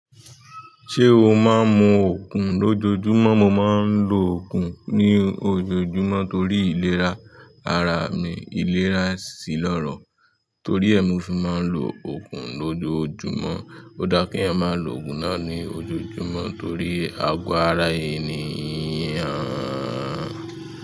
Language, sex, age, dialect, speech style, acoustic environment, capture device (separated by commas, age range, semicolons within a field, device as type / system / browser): Yoruba, male, 25-34, Nigeria - Nigerian Standard, tired, nature; music; people talking; traffic; construction, mobile / Linux / Chrome